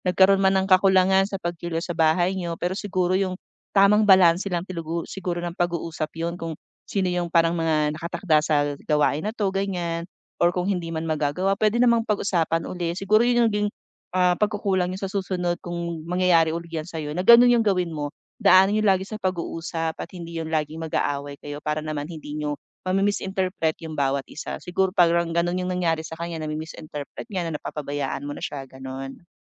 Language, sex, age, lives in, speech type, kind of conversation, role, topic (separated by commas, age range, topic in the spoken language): Filipino, female, 40-44, Philippines, conversation, advice, advisor, Paano mo nararamdaman at hinaharap ang pagkakasala o pagsisisi matapos ang hiwalayan?